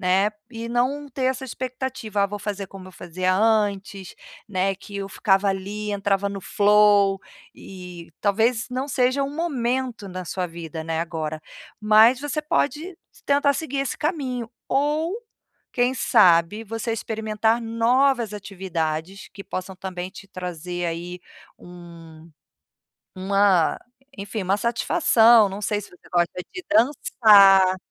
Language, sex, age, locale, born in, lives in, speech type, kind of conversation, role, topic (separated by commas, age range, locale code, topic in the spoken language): Portuguese, female, 45-49, pt-BR, Brazil, Portugal, conversation, advice, advisor, Como posso arranjar tempo para os meus hobbies e interesses?